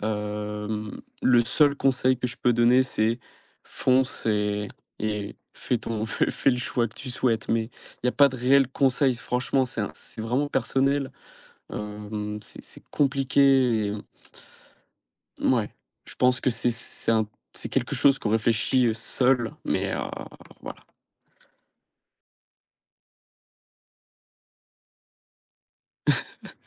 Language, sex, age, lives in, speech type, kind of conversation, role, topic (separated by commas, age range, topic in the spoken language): French, male, 18-19, France, conversation, podcast, guest, Qu’est-ce qui compte le plus pour toi dans la vie ?